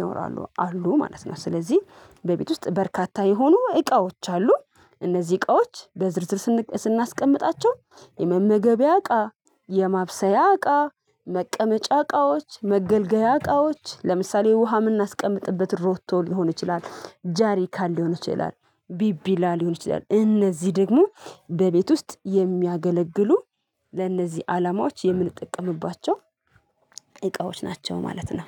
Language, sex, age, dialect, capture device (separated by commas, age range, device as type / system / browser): Amharic, female, 25-34, Ethiopia - Addis Ababa, mobile / Linux / Chrome